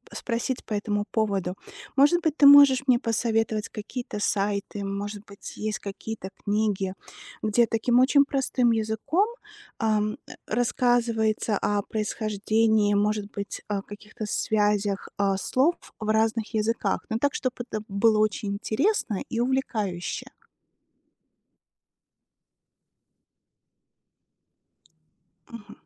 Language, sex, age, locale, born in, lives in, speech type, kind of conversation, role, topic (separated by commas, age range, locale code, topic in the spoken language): Russian, female, 40-44, ru-RU, Belarus, United States, read, expert-assistant, asker, Как детям интересно изучать этимологию слов?